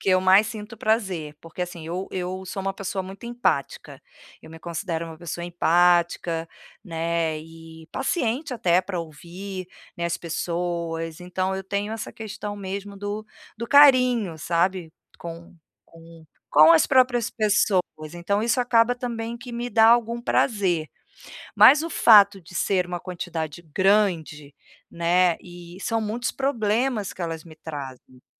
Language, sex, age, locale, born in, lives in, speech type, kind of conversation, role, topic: Portuguese, female, 45-49, pt-BR, Brazil, Portugal, conversation, advice, user, Como posso recarregar minha energia mental no dia a dia?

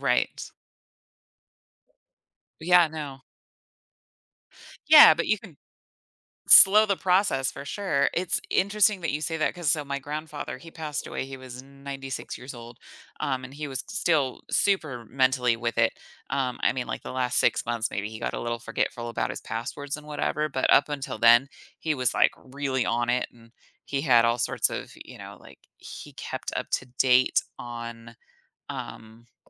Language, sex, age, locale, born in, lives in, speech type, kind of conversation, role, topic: English, female, 35-39, en-US, United States, United States, conversation, podcast, host, Why is getting enough sleep essential for our overall well-being?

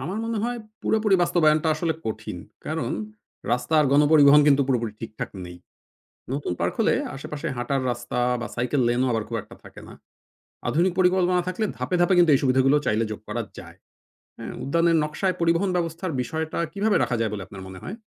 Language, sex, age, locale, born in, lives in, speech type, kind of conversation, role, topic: Bengali, male, 40-44, bn-BD, Bangladesh, Finland, read, expert-assistant, asker, অনেকেই বলে থাকেন যে, শহরের সবুজ এলাকা বাড়ানো মানেই যানজট কমে যাবে — এই ধারণাটি আসলে কতটা সত্য?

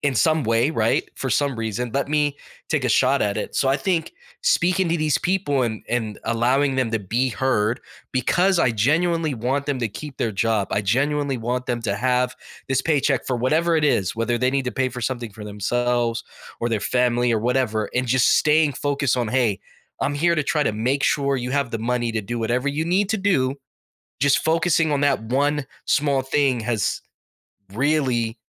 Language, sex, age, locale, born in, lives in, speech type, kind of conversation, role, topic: English, male, 35-39, en-US, United States, United States, conversation, podcast, guest, How does showing kindness shape our relationships and well-being?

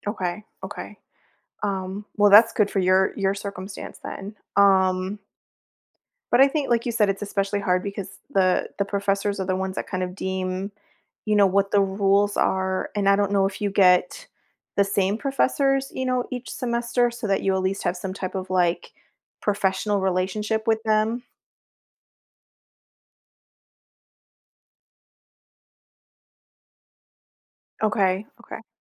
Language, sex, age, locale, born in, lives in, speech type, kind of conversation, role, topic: English, female, 40-44, en-US, United States, United States, conversation, advice, advisor, How can I celebrate overcoming a personal fear?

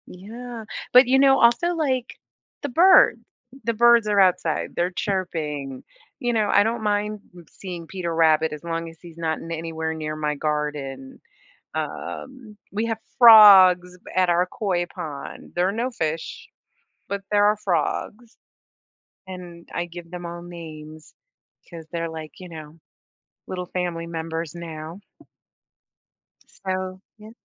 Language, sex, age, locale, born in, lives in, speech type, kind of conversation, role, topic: English, female, 55-59, en-US, United States, United States, conversation, podcast, guest, Why does being in nature have such a positive impact on our well-being?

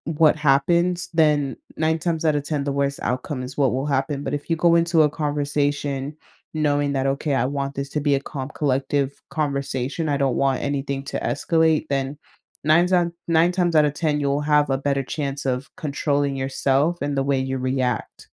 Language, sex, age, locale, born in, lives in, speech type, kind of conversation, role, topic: English, female, 20-24, en-US, United States, United States, conversation, podcast, guest, What strategies help you manage your emotions during difficult conversations?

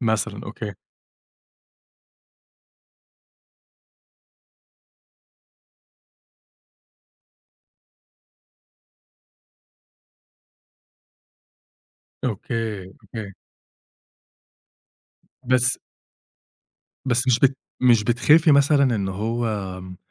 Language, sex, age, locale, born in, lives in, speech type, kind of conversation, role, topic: Arabic, male, 30-34, ar-EG, Egypt, Egypt, conversation, podcast, host, إيه اللي بتعمله عشان تسمع جسمك أحسن كل يوم؟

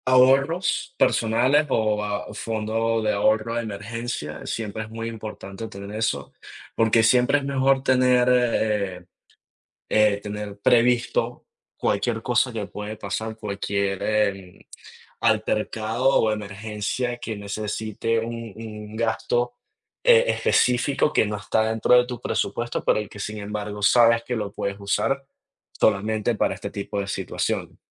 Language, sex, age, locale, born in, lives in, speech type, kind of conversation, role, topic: Spanish, male, 20-24, es-VE, Venezuela, United States, conversation, unstructured, none, ¿Cómo te preparas para enfrentar gastos inesperados?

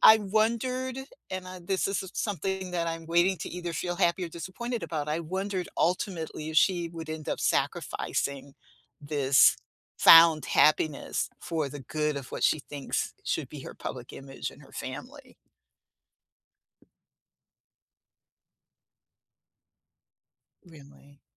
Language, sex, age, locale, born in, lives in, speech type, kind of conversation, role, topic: English, female, 65-69, en-US, United States, United States, conversation, unstructured, none, What plot twists have you experienced that you didn’t see coming, without spoilers?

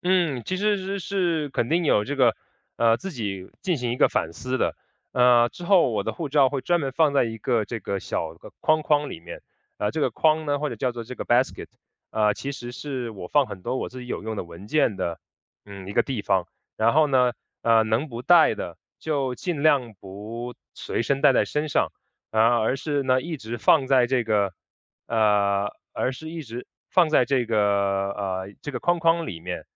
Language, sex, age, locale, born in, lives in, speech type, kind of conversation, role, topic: Chinese, male, 30-34, zh-CN, China, United States, conversation, podcast, guest, 护照或重要证件丢失时，你当时是怎么应对的？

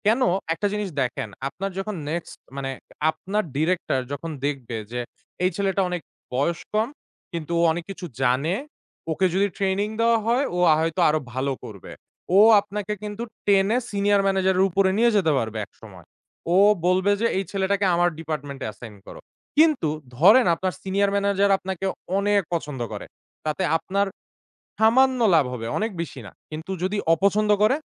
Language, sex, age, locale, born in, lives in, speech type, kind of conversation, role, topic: Bengali, male, 25-29, bn-BD, Bangladesh, Bangladesh, conversation, podcast, guest, নেতিবাচক মনোভাবের মানুষের সঙ্গে থাকলে কীভাবে আত্মবিশ্বাস ধরে রাখেন?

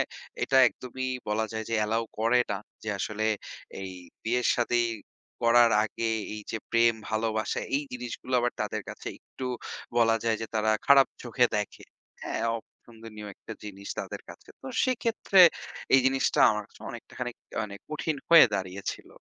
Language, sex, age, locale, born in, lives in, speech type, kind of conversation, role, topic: Bengali, male, 25-29, bn-BD, Bangladesh, Bangladesh, conversation, advice, user, পরিবার বা বন্ধুদের কাছে সম্পর্কের কথা জানালে যদি তারা বিরোধিতা করে বা সমর্থন না করে, তখন আপনি কী করবেন?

